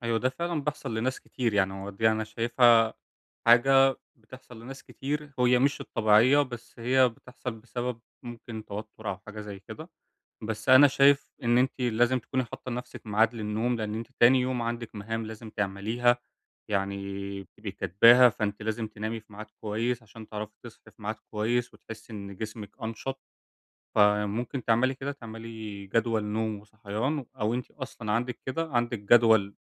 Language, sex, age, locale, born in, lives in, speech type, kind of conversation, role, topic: Arabic, male, 20-24, ar-EG, Egypt, Germany, conversation, advice, advisor, إزاي أقدر أعمل طقوس بسيطة بالليل تقلل توتري قبل ما أنام؟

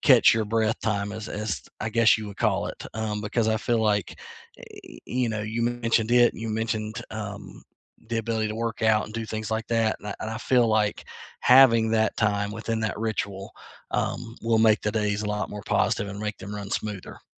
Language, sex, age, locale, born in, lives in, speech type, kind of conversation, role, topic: English, male, 40-44, en-US, United States, United States, conversation, unstructured, none, What little daily rituals make your mornings better?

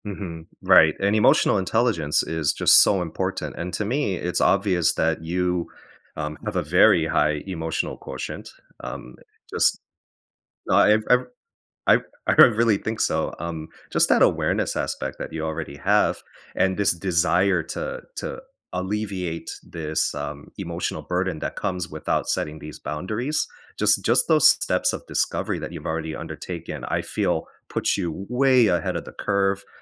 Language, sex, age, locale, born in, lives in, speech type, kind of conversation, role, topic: English, male, 35-39, en-US, United States, United States, conversation, advice, advisor, How can I set boundaries with family members?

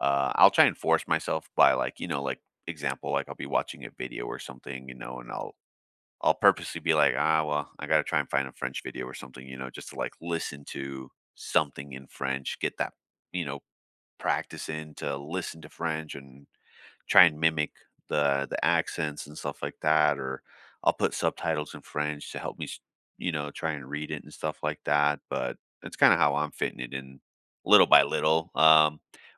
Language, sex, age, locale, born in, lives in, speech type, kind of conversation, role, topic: English, male, 30-34, en-US, United States, United States, conversation, unstructured, none, What skill are you casually learning these days, and how are you fitting practice into your routine?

